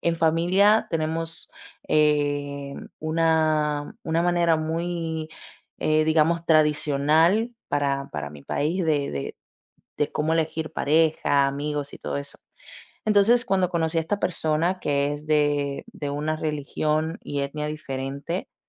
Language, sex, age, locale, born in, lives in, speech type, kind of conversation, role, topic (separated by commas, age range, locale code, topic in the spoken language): Spanish, female, 20-24, es-DO, Dominican Republic, Italy, conversation, advice, user, ¿Cómo puedo dejar de preocuparme por lo que piensan los demás y actuar con libertad?